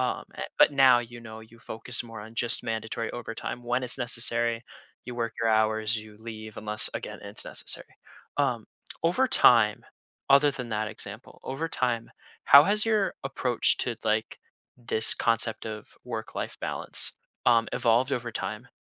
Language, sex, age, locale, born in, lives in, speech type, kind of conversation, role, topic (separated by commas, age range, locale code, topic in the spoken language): English, male, 20-24, en-US, United States, United States, conversation, podcast, host, What helps you create a healthy separation between your job and your personal time?